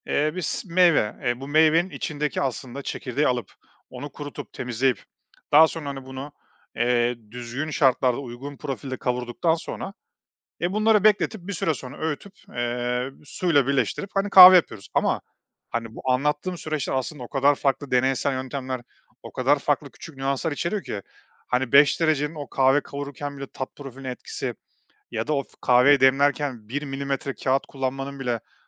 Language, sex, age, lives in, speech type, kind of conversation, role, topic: Turkish, male, 35-39, Estonia, conversation, podcast, guest, Bir hobiye yeniden dönmek ruh halimizi nasıl etkiler?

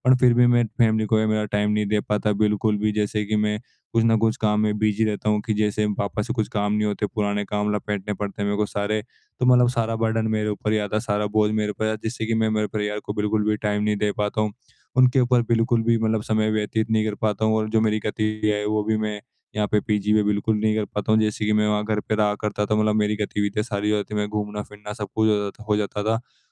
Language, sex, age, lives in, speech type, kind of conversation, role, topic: Hindi, male, 18-19, India, conversation, advice, user, परिवार और अपने लिए समय कैसे बाँटूँ?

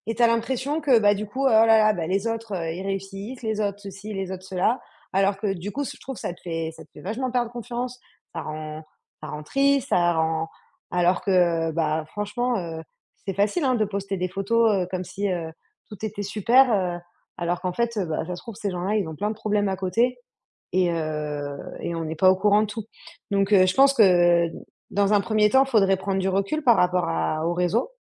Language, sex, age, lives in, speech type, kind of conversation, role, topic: French, female, 30-34, France, conversation, advice, advisor, Comment vous comparez-vous aux autres sur les réseaux sociaux ?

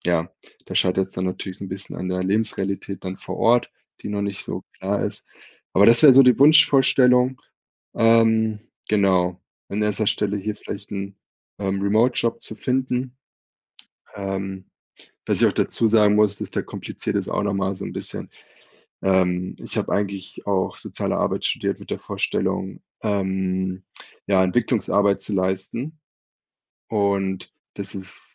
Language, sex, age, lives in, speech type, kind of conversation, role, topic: German, male, 30-34, Germany, conversation, advice, user, Wie kann ich Entscheidungen treffen, wenn alles unsicher und unklar ist?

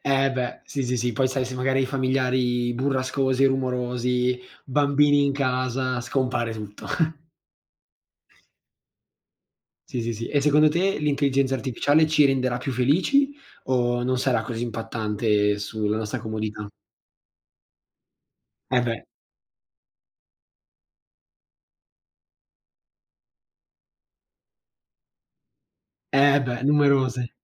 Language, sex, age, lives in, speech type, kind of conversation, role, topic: Italian, male, 18-19, Italy, conversation, unstructured, none, Quale tecnologia ti ha reso più felice nella vita quotidiana?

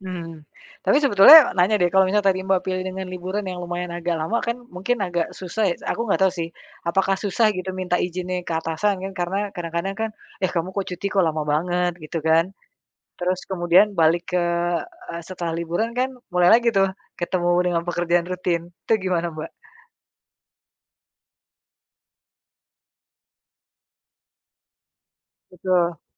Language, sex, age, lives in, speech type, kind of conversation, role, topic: Indonesian, female, 35-39, Indonesia, conversation, podcast, host, Apa saja tanda-tanda awal seseorang mulai mengalami kelelahan kerja?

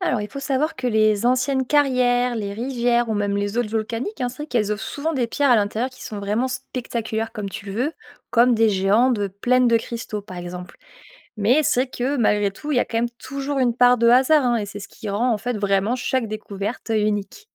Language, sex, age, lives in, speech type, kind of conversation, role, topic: French, female, 25-29, France, read, expert-assistant, expert, Quelles astuces utilisent les passionnés de géologie pour lire les histoires cachées dans les roches ?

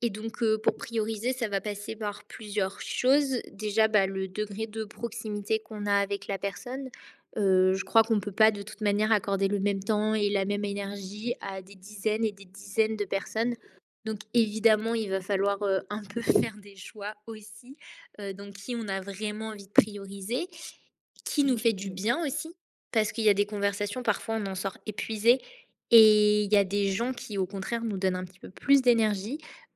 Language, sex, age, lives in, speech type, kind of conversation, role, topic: French, female, 20-24, France, conversation, podcast, guest, Comment fais-tu pour vraiment écouter quelqu’un ?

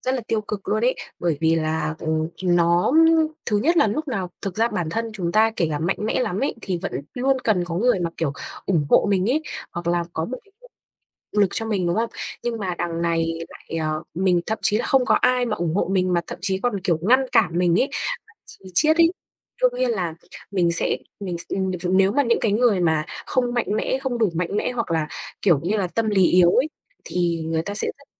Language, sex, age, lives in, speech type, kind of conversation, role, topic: Vietnamese, female, 20-24, Vietnam, conversation, unstructured, none, Bạn cảm thấy thế nào khi gia đình đặt quá nhiều kỳ vọng lên bạn?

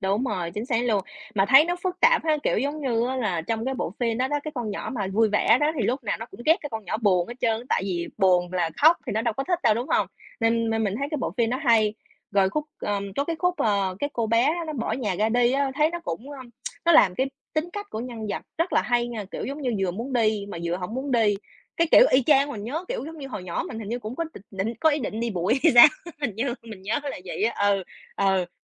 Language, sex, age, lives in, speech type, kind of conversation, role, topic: Vietnamese, female, 30-34, United States, conversation, unstructured, none, Bạn nghĩ phim ảnh ảnh hưởng như thế nào đến cảm xúc của bạn?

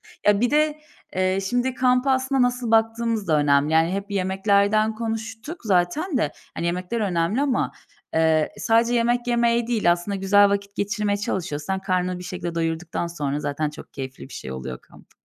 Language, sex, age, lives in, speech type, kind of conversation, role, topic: Turkish, female, 30-34, Bulgaria, conversation, podcast, guest, Kamp yemeklerini pratik hale getirmenin yöntemleri nelerdir?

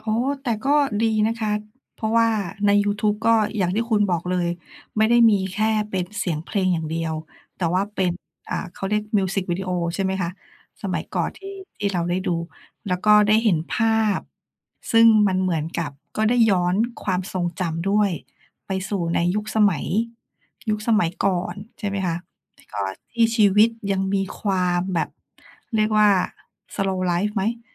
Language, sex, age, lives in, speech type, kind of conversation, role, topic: Thai, female, 40-44, Thailand, conversation, podcast, host, ครอบครัวของคุณปลูกฝังเพลงแบบไหนให้คุณบ้าง เล่าให้ฟังหน่อยได้ไหม?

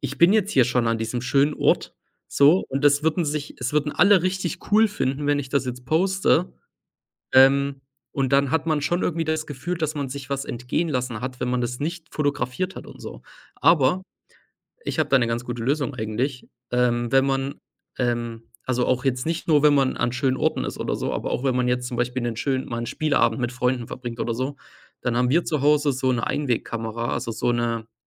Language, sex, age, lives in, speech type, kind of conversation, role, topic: German, male, 25-29, Germany, conversation, unstructured, none, Wie beeinflussen soziale Medien unser Miteinander?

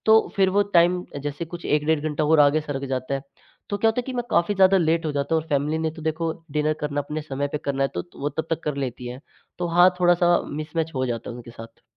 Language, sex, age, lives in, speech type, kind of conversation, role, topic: Hindi, male, 18-19, India, conversation, advice, user, मैं रात में ज़्यादा खा लेता/लेती हूँ और फिर अपराधबोध महसूस करता/करती हूँ—मैं इससे कैसे निपटूँ?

